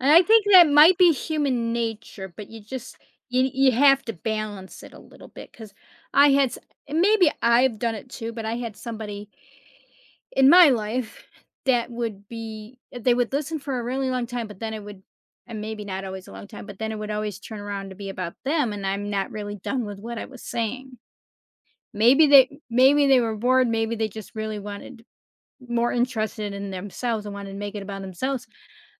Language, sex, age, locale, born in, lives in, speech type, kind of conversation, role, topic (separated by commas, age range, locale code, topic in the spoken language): English, female, 55-59, en-US, United States, United States, conversation, podcast, host, What are some effective ways to keep conversations engaging and natural?